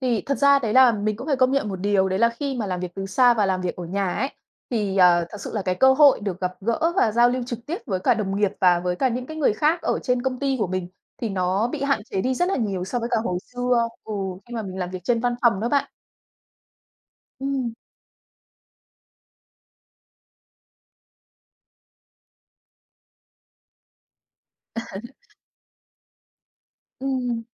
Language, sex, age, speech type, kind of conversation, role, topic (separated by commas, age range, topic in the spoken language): Vietnamese, female, 30-34, conversation, podcast, guest, Làm sao gia đình bạn thích nghi với việc học trực tuyến hoặc làm việc từ xa?